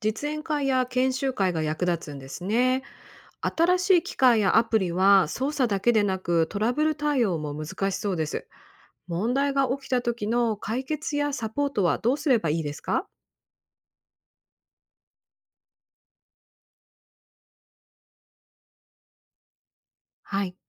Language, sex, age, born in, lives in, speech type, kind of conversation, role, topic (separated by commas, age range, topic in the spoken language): Japanese, female, 45-49, Japan, United States, read, expert-assistant, asker, 農業の新しい技術を日常の作業にうまく取り入れるには、どんな工夫や練習が役立ちますか？